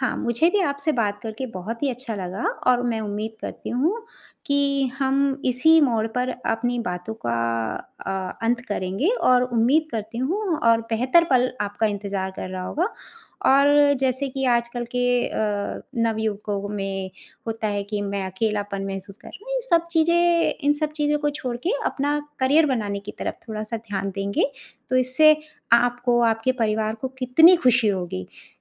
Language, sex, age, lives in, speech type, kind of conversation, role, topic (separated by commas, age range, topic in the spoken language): Hindi, female, 45-49, India, conversation, advice, advisor, मैं घर की याद और अकेलापन कैसे कम कर सकता/सकती हूँ?